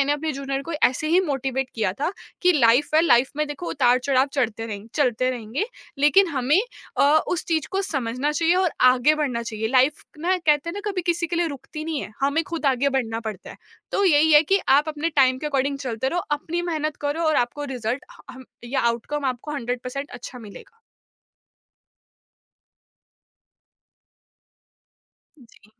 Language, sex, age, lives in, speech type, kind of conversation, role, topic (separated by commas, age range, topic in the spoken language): Hindi, female, 20-24, India, conversation, podcast, guest, Conversation mein connection banane ke liye tum kya poochte ho?